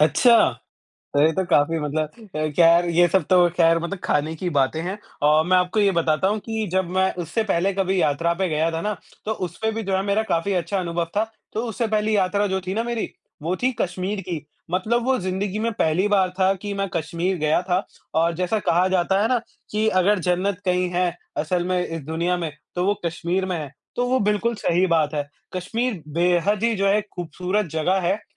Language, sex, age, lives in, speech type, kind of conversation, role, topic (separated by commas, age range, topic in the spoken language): Hindi, male, 20-24, India, conversation, unstructured, none, आपका सबसे पसंदीदा यात्रा अनुभव कौन सा रहा है?